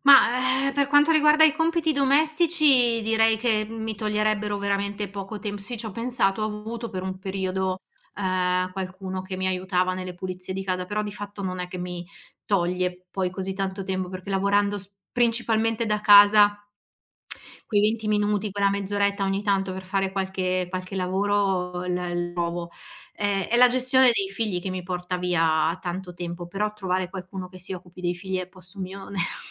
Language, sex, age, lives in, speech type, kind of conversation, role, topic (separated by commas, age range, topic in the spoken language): Italian, female, 40-44, Italy, conversation, advice, user, Come posso gestire il sovraccarico di impegni quotidiani e trovare finalmente del tempo libero?